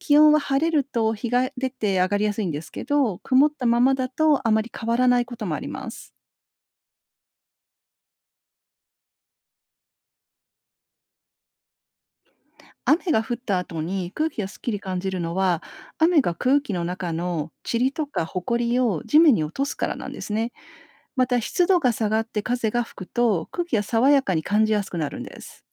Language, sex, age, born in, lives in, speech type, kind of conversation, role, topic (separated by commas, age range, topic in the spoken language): Japanese, female, 50-54, Japan, Japan, read, expert-assistant, expert, なぜ雨が降るのか説明してください